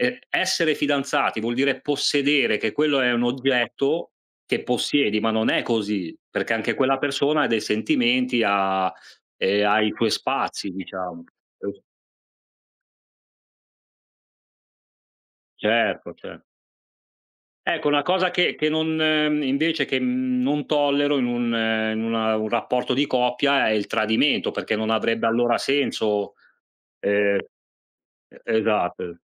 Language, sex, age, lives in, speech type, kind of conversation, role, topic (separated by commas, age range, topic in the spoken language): Italian, male, 40-44, Italy, conversation, unstructured, none, Cosa fai quando qualcuno non rispetta i tuoi limiti?